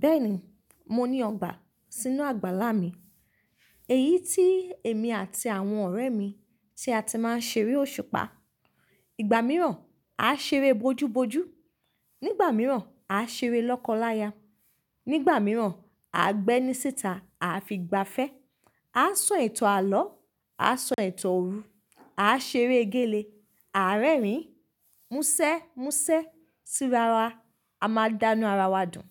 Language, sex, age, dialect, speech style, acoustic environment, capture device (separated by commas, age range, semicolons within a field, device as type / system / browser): Yoruba, female, 25-34, Nigeria - Ibadan, relaxed; focused, nature; silence; appliances, mobile / Linux / Chrome